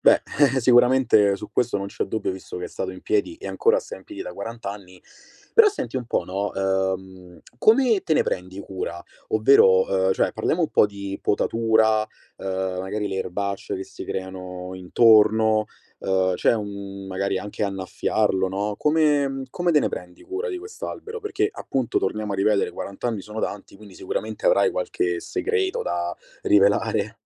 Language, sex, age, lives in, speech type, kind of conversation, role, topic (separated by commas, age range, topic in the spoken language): Italian, male, 25-29, Italy, conversation, podcast, host, Che cosa ti ha insegnato osservare un albero crescere nel tempo?